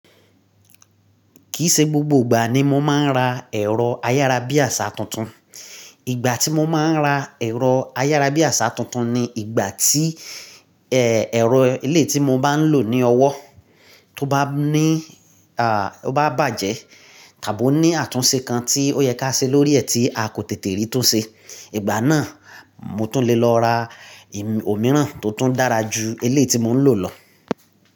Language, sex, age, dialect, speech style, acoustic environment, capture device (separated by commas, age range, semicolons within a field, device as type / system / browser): Yoruba, male, 35-44, Nigeria - Nigerian Standard, relaxed, silence, mobile / Linux / Chrome